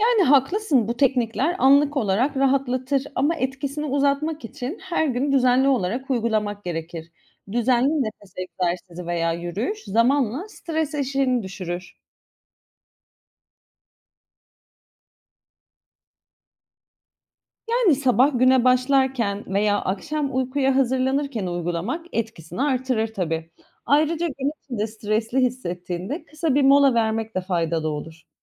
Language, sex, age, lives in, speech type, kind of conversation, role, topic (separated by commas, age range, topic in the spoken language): Turkish, female, 35-39, Greece, read, expert-assistant, expert, Günlük yaşamda stres, uyku ve beslenme arasında zihinsel sağlık açısından hangisine öncelik vermek gerekir?